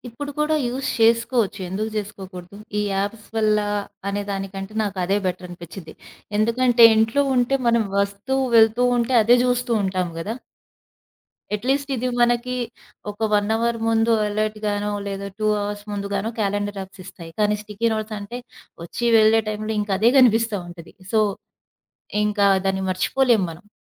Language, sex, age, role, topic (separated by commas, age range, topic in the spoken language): Telugu, female, 35-39, guest, వర్క్-లైఫ్ సంతులనాన్ని నిలబెట్టుకోవడానికి మీరు ఏ ఏ సాధనాలను ఎలా వినియోగిస్తారు?